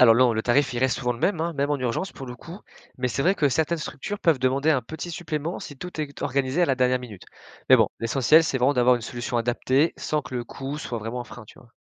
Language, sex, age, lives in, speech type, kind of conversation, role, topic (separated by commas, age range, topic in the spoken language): French, male, 20-24, France, read, expert-assistant, expert, Quand un proche a besoin d’aide au quotidien, est-il préférable de faire appel à un professionnel de santé ou de s’impliquer soi-même ? Quels sont les avantages et inconvénients de chaque choix ?